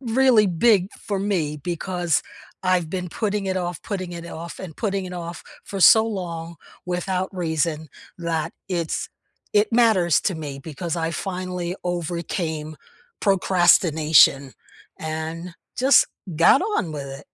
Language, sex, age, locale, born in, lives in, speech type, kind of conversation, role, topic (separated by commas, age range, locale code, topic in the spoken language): English, female, 70-74, en-US, United States, United States, conversation, unstructured, none, What is a recent small win you’re proud of, and why did it matter to you?